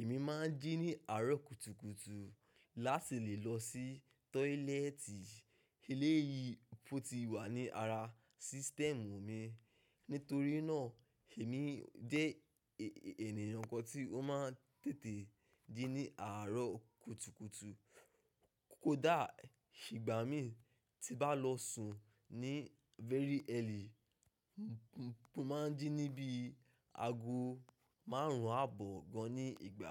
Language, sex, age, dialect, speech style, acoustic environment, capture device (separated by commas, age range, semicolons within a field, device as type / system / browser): Yoruba, male, 25-34, Nigeria - Ibadan, focused, nature; silence, mobile / macOS / Safari